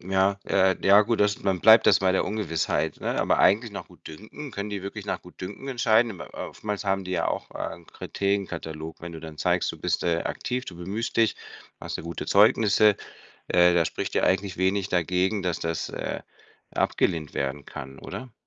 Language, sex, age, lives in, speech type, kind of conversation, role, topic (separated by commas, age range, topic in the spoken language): German, male, 50-54, Spain, conversation, advice, advisor, Wie frustriert dich der Aufwand durch lange Behördengänge und unklare Formalitäten?